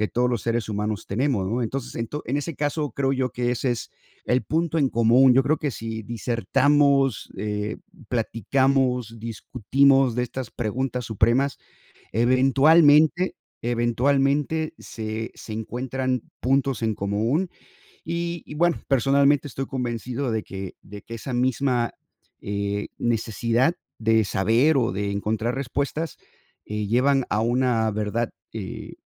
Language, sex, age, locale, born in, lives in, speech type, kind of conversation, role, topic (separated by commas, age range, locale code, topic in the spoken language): Spanish, male, 50-54, es-MX, United States, United States, conversation, unstructured, none, ¿Crees que la religión une o divide a las personas?